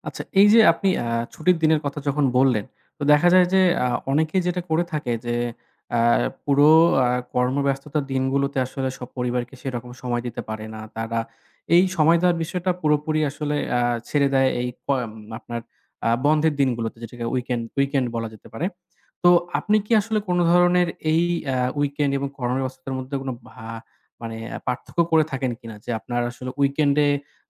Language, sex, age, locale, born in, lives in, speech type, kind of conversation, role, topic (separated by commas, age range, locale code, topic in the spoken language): Bengali, male, 25-29, bn-BD, Bangladesh, Bangladesh, conversation, podcast, host, আপনি কাজ ও ব্যক্তিগত জীবনের মধ্যে ভারসাম্য কীভাবে বজায় রাখেন?